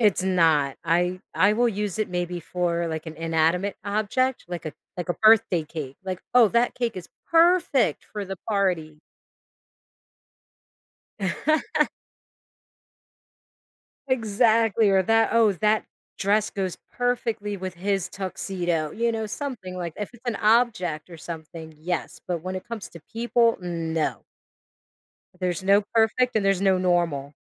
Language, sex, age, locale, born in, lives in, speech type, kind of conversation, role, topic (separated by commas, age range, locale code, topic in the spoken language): English, female, 40-44, en-US, United States, United States, conversation, podcast, host, How have challenges in your life shaped who you are today?